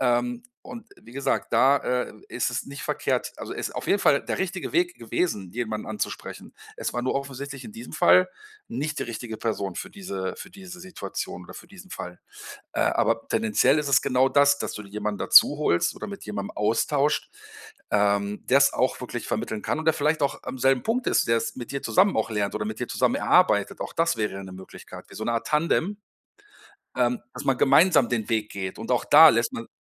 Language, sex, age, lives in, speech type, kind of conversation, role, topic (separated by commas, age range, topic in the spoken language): German, male, 50-54, Germany, conversation, advice, advisor, Wie kann ich meine Motivation langfristig aufrechterhalten, um meine Ziele zu erreichen?